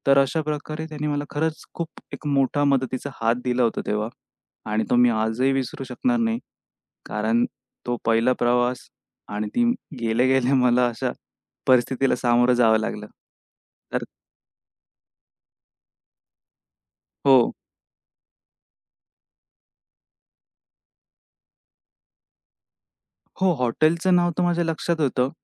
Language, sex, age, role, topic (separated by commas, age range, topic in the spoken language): Marathi, male, 25-29, guest, परदेशात कोणीतरी तुम्हाला मोठी मदत केली होती का, आणि नेमके काय घडले?